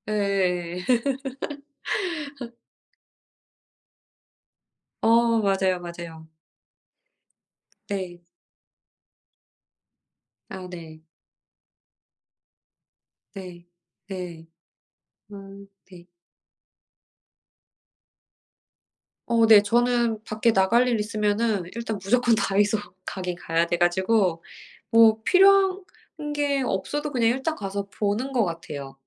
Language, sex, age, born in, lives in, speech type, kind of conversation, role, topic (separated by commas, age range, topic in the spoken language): Korean, female, 40-44, South Korea, United States, conversation, unstructured, none, 온라인 쇼핑과 오프라인 쇼핑 중 어떤 것을 더 선호하시나요?